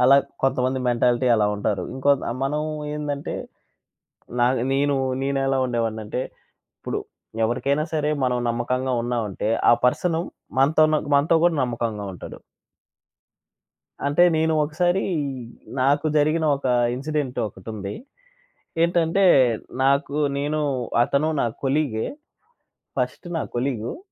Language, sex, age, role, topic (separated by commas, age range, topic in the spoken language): Telugu, male, 25-29, guest, కలిసి పనిచేసే వారితో నమ్మకాన్ని మీరు ఎలా నిర్మిస్తారు?